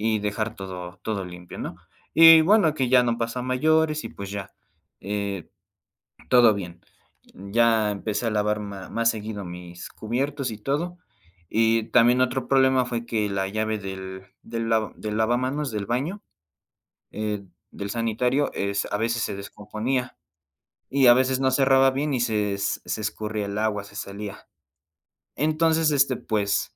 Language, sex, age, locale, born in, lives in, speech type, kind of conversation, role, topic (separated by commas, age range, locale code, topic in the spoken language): Spanish, male, 20-24, es-MX, Mexico, Mexico, conversation, podcast, guest, ¿Cómo se reparten las responsabilidades con la persona con la que vives?